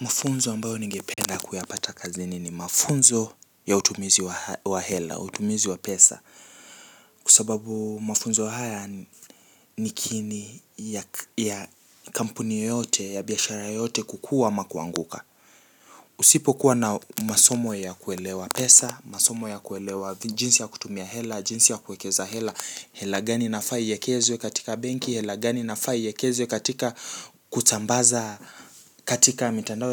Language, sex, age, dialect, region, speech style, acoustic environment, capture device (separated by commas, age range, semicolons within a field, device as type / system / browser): Swahili, male, 18-24, Kenya - Nairobi Sheng-influenced, Kenya, relaxed, silence, mobile / Linux / Chrome